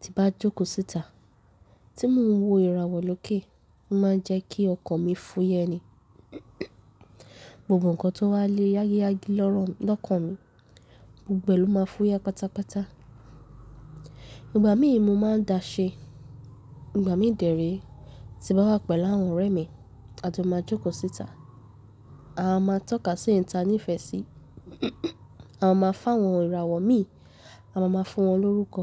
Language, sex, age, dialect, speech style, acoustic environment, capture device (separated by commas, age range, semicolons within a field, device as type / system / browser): Yoruba, female, 18-24, Nigeria - Nigerian Standard, happy; focused; nervous; excited, people talking; nature, mobile / Linux / Chrome